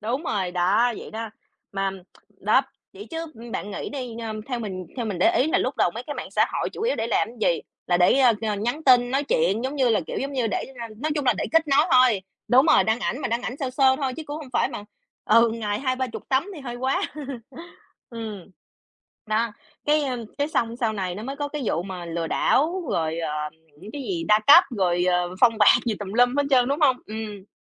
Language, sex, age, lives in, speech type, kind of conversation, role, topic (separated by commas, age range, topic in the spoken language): Vietnamese, female, 30-34, United States, conversation, unstructured, none, Bạn nghĩ việc sử dụng mạng xã hội ảnh hưởng như thế nào đến cách mọi người thể hiện bản thân?